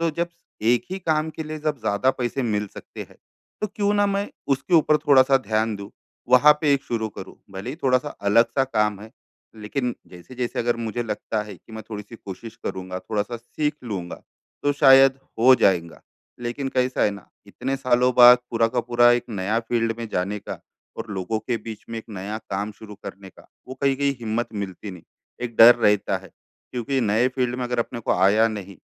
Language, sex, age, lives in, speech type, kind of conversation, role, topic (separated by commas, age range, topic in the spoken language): Hindi, male, 35-39, India, conversation, advice, user, मैं डर के बावजूद नए मौके कैसे आज़मा सकता/सकती हूँ?